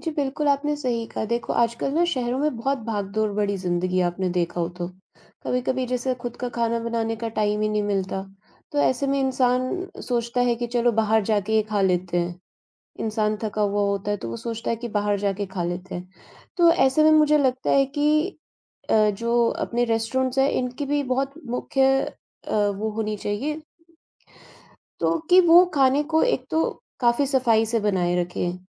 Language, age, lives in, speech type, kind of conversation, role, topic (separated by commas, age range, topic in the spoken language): Hindi, 45-49, India, conversation, unstructured, none, क्या आपको लगता है कि बाहर का खाना अक्सर गंदा होता है?